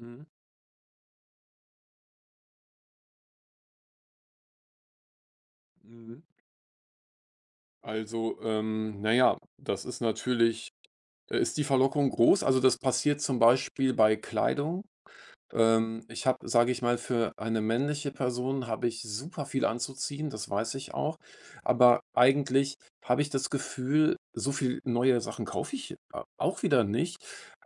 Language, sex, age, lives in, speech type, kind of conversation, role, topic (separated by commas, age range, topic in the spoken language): German, male, 45-49, Germany, conversation, advice, user, Warum fällt es mir so schwer, Impulskäufe zu vermeiden?